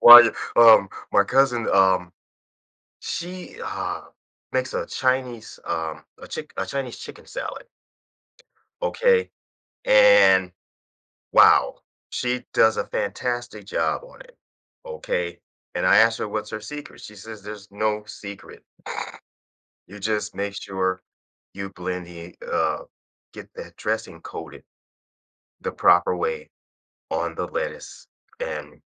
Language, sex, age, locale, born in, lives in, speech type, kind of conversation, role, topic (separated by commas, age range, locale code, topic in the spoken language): English, male, 55-59, en-US, United States, United States, conversation, podcast, guest, How does sharing meals help build connections among people?